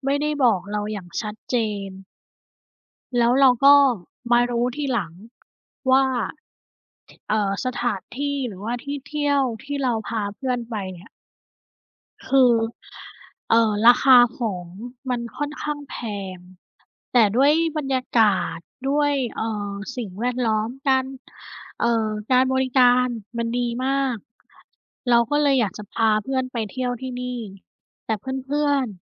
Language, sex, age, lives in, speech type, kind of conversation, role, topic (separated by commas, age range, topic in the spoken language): Thai, female, 30-34, Thailand, conversation, unstructured, none, คุณคิดว่าการสื่อสารในความสัมพันธ์สำคัญแค่ไหน?